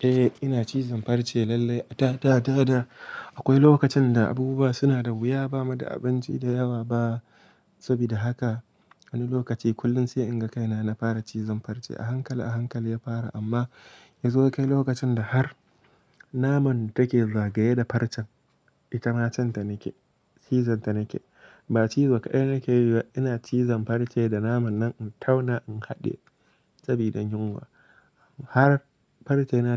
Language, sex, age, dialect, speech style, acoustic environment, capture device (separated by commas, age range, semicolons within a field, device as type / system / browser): Hausa, male, 18-24, Nigeria - Kano, happy, silence, mobile / Linux / Chrome